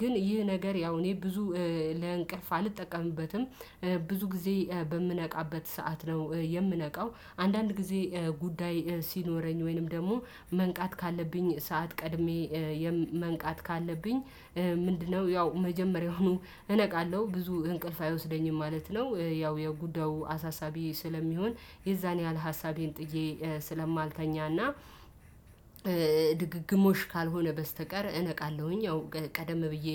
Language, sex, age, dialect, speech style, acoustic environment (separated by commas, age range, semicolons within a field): Amharic, female, 25-34, Ethiopia - Addis Ababa, happy, silence